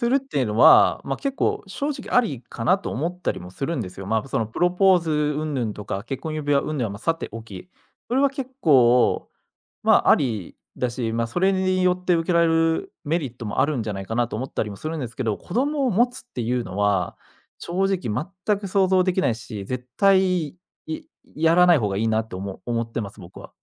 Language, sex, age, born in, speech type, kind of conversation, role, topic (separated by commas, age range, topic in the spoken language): Japanese, male, 25-29, Japan, conversation, advice, user, 結婚のタイミングについて意見が合わない場合、どう話し合えばよいですか？